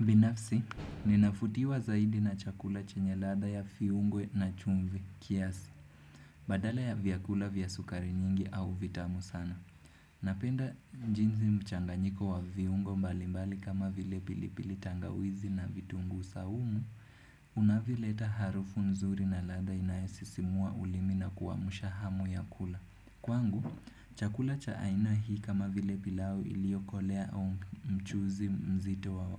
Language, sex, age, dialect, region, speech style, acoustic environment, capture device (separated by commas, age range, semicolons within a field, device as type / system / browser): Swahili, male, 18-24, Kenya - Nairobi Sheng-influenced, Kenya, happy; focused, nature, desktop / Windows / Chrome